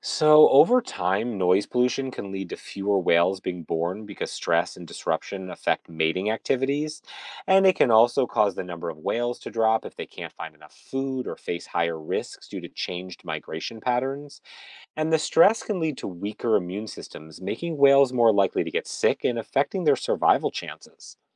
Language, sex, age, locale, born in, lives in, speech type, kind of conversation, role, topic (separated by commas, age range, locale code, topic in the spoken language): English, male, 45-49, en-US, United States, United States, read, expert-assistant, expert, How do whales communicate with each other?